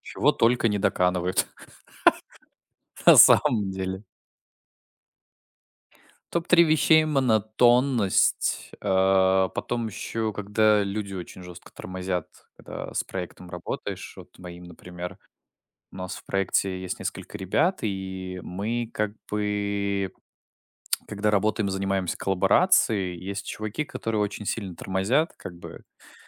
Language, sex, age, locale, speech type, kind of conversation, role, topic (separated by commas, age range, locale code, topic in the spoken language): Russian, male, 20-24, ru-RU, conversation, podcast, guest, Как понять, что тебе нужен отдых?